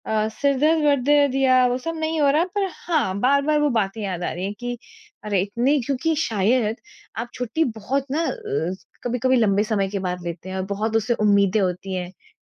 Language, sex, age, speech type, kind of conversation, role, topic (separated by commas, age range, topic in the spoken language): Hindi, female, 40-44, conversation, advice, user, छुट्टी के बाद भी मुझे तरोताज़ा क्यों नहीं महसूस होता है?